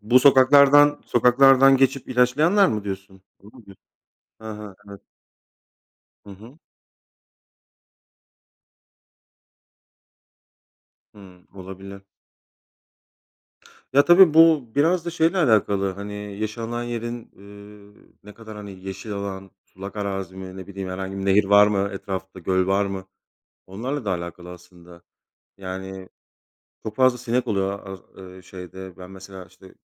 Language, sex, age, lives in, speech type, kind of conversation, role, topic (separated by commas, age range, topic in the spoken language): Turkish, male, 35-39, Poland, conversation, unstructured, none, Evde böcek ya da haşere görürsen ne yaparsın?